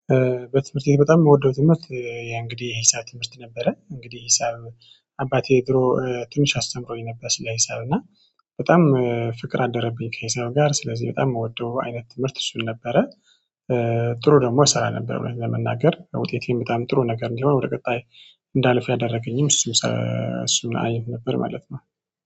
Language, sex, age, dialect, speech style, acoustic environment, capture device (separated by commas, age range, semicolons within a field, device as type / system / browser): Amharic, male, 25-34, Ethiopia - Tigray, happy, silence, mobile / Android / Chrome